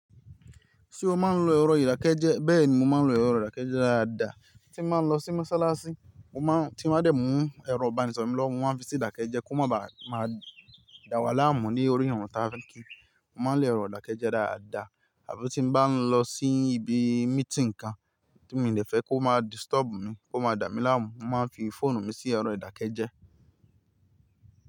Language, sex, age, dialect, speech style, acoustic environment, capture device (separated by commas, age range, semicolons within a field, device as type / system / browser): Yoruba, male, 25-34, Nigeria - Ibadan, happy, nature, mobile / Linux / Chrome